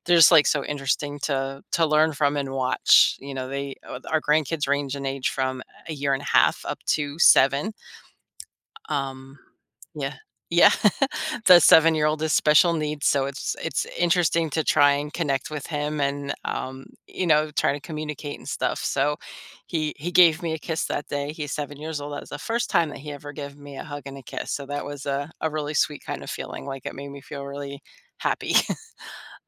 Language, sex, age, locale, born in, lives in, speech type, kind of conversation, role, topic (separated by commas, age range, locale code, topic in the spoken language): English, female, 50-54, en-US, United States, United States, conversation, advice, user, How do I figure out what truly brings me joy?